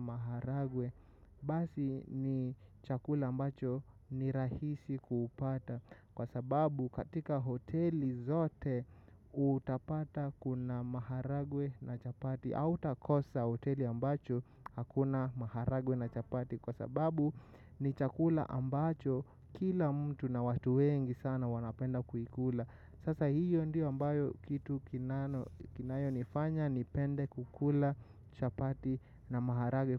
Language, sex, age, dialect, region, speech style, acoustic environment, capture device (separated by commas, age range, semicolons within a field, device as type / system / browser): Swahili, male, 25-34, Kenya - Nairobi Sheng-influenced, Kenya, excited, nature, mobile / macOS / Safari